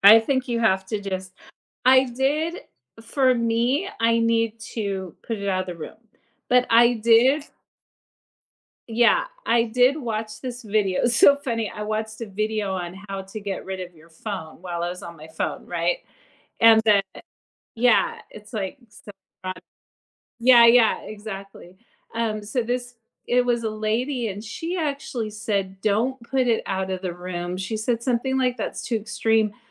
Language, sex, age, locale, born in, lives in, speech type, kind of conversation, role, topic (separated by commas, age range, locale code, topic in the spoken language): English, female, 45-49, en-US, United States, United States, conversation, podcast, guest, How do you balance screen time and offline time?